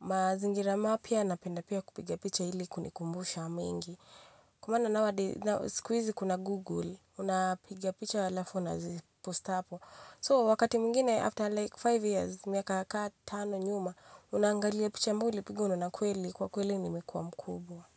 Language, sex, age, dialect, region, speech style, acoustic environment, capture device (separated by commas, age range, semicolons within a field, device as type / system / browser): Swahili, female, 25-34, Kenya - Nairobi Sheng-influenced, Kenya, focused; excited; happy, people talking, mobile / Linux / Chrome